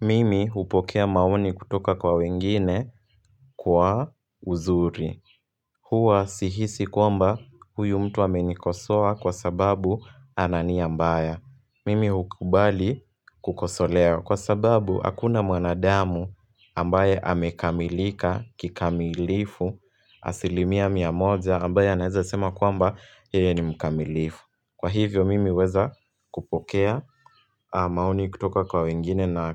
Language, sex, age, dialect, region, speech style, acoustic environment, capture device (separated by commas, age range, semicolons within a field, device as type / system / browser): Swahili, male, 18-24, Kenya - Nairobi Sheng-influenced, Kenya, happy; relaxed; focused, people talking; music; nature; traffic; construction; appliances, mobile / Linux / Chrome